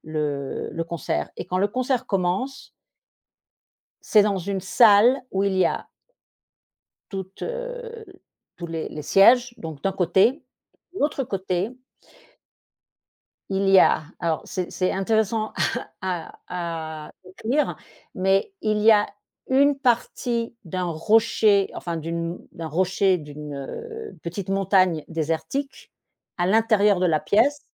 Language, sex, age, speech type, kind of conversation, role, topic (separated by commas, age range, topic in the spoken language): French, female, 50-54, conversation, podcast, guest, Quel concert t’a vraiment transformé ?